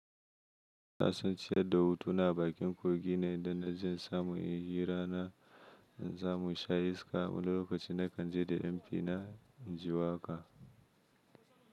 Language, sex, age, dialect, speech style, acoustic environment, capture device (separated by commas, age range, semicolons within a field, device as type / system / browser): Hausa, male, 25-34, Nigeria - Kano, happy, nature, mobile / Linux / Chrome